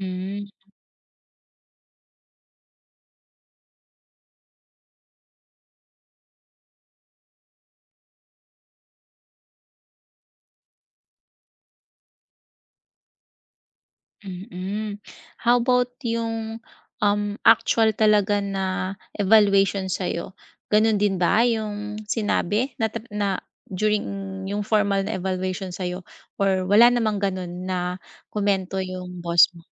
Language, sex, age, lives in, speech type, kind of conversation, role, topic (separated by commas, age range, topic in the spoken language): Filipino, female, 40-44, Philippines, conversation, advice, advisor, Paano ko malalaman kung opinyon lamang ito o katotohanan?